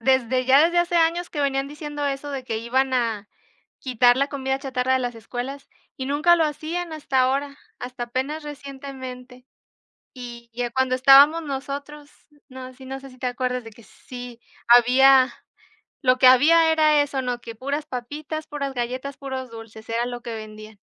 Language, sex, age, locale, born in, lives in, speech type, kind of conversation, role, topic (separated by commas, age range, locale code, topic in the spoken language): Spanish, female, 25-29, es-MX, Mexico, Mexico, conversation, unstructured, none, ¿Crees que la comida rápida debería llevar advertencias similares a las del tabaco?